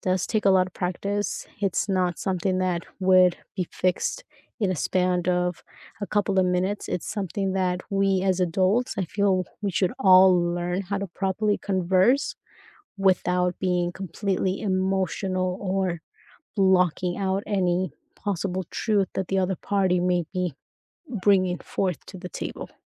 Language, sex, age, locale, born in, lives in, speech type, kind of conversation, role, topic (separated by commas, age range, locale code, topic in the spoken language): English, female, 30-34, en-US, United States, United States, conversation, unstructured, none, What helps you navigate disagreements with friends in ways that protect and even strengthen your bond?